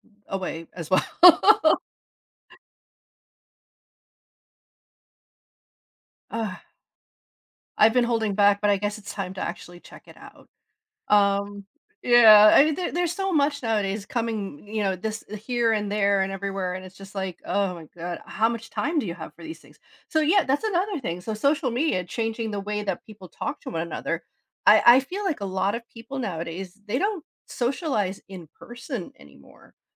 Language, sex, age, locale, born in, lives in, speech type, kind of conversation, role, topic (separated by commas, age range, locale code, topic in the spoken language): English, female, 40-44, en-US, United States, United States, conversation, podcast, host, How has social media influenced the way we connect and communicate with others?